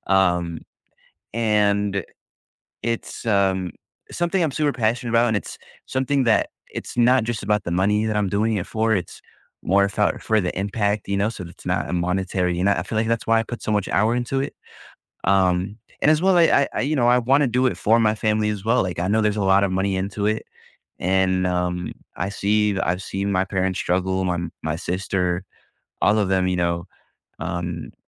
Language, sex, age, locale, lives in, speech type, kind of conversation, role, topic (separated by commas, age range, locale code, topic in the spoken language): English, male, 20-24, en-US, United States, conversation, advice, user, How can I manage work and family responsibilities so I don't feel overwhelmed and can sleep better?